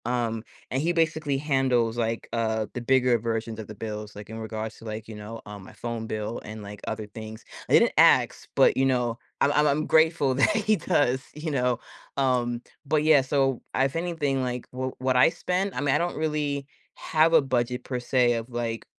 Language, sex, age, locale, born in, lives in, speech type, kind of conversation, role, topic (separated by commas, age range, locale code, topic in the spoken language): English, female, 30-34, en-US, United States, United States, conversation, advice, user, How can I balance work and home responsibilities?